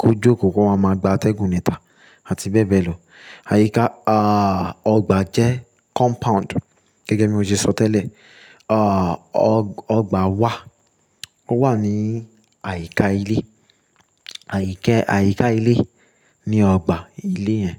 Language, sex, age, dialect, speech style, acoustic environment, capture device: Yoruba, male, 25-34, Nigeria - Lagos Yoruba, relaxed, silence, mobile / Linux / Chrome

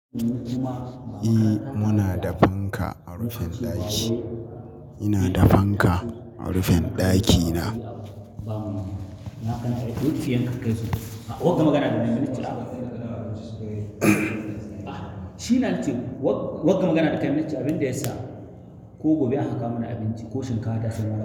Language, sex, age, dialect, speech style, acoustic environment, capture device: Hausa, male, 25-34, Nigeria - Katsina, anxious, nature, mobile / Linux / Chrome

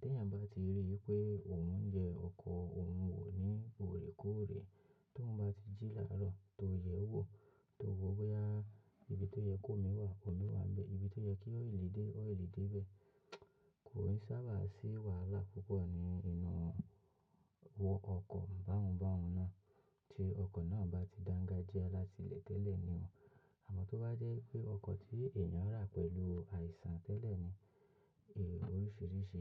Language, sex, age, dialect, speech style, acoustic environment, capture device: Yoruba, male, 25-34, Nigeria - Lagos Yoruba, relaxed, silence, mobile / macOS / Safari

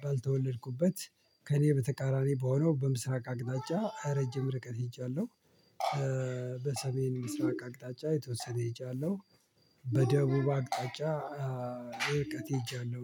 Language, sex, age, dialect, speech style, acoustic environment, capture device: Amharic, male, 45-54, Ethiopia - Addis Ababa, relaxed, people talking, mobile / Linux / Chrome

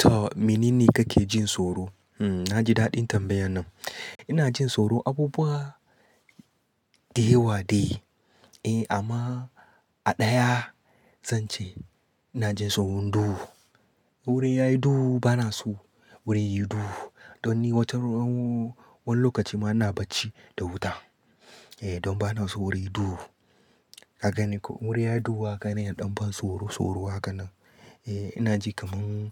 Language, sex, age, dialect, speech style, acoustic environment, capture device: Hausa, male, 25-34, Nigeria - Katsina, happy; excited; relaxed, silence, desktop / Linux / Chrome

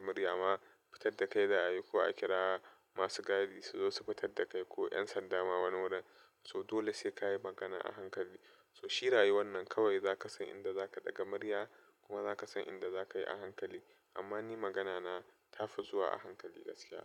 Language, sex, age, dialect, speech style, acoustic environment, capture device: Hausa, male, 25-34, Nigeria - Nigerian Standard, happy; relaxed, appliances, desktop / Linux / Chrome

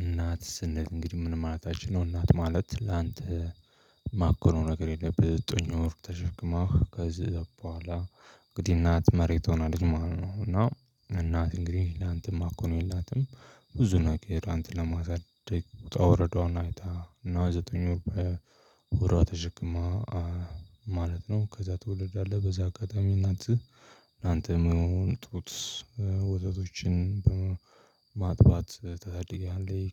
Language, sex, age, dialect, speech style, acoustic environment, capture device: Amharic, male, 25-34, Ethiopia - Addis Ababa, focused, people talking, mobile / Linux / Chrome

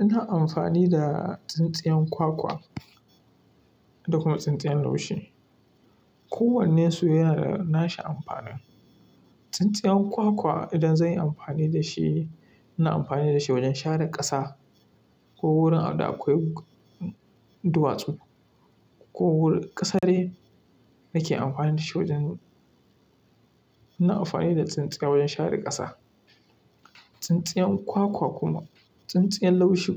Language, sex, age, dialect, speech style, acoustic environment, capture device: Hausa, male, 25-34, Nigeria - Kano, happy; relaxed, appliances; silence, mobile / Linux / Chrome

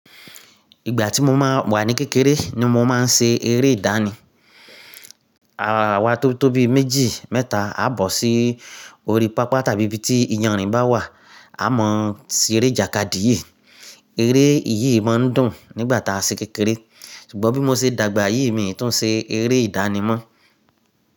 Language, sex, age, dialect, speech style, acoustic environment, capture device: Yoruba, male, 35-44, Nigeria - Nigerian Standard, focused; excited; happy, silence, mobile / Linux / Chrome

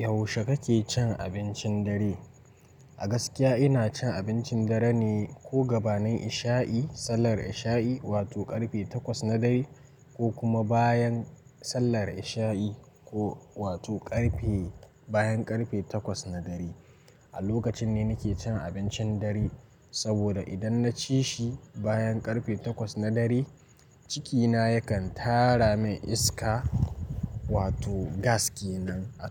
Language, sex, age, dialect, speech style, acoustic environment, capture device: Hausa, male, 18-24, Nigeria - Nigerian Standard, relaxed; focused, nature, mobile / Linux / Chrome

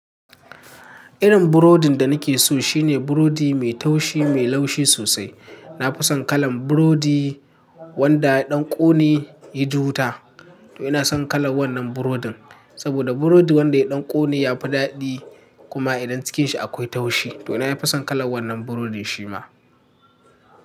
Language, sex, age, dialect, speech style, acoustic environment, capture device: Hausa, male, 18-24, Nigeria - Katsina, happy, people talking, mobile / Linux / Chrome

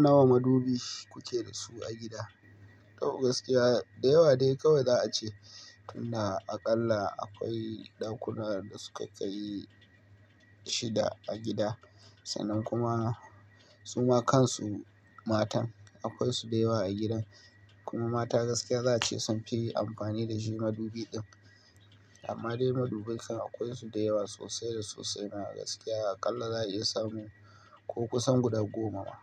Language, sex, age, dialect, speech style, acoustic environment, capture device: Hausa, male, 25-34, Nigeria - Kano, nervous, nature; appliances, mobile / Linux / Chrome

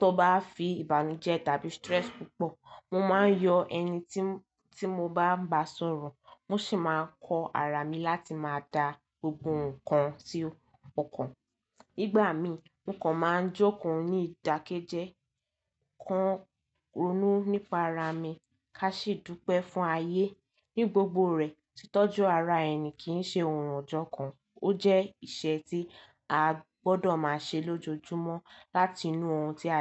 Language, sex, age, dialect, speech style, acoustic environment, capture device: Yoruba, female, 18-24, Nigeria - Lagos Yoruba, relaxed, nature, mobile / Linux / Chrome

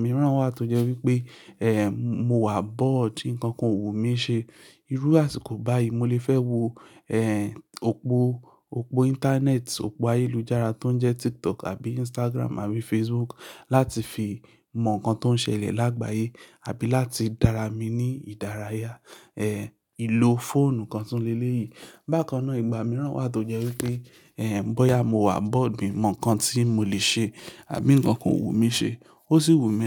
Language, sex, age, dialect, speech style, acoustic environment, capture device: Yoruba, male, 25-34, Nigeria - Lagos Yoruba, anxious, silence, mobile / Linux / Chrome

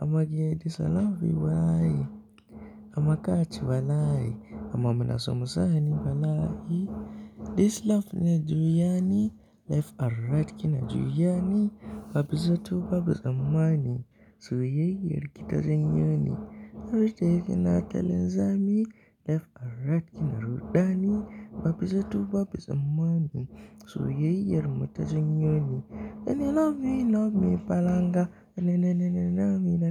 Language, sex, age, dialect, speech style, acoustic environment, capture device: Hausa, male, 18-24, Nigeria - Kano, happy, appliances, mobile / Linux / Chrome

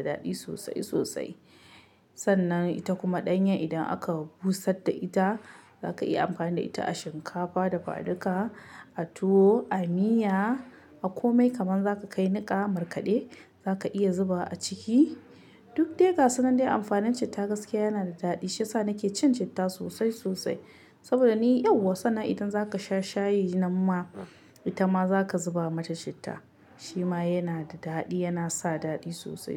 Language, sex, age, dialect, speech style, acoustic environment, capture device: Hausa, female, 25-34, Nigeria - Kano, happy, silence, mobile / Linux / Chrome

